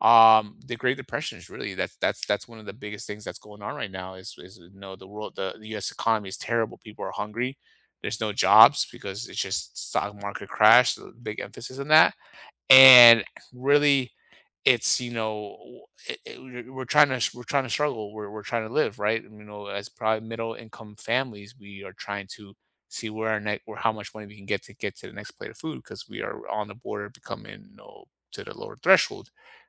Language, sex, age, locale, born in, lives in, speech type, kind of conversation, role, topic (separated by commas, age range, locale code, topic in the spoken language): English, male, 30-34, en-US, United States, United States, conversation, unstructured, none, What was life like 100 years ago?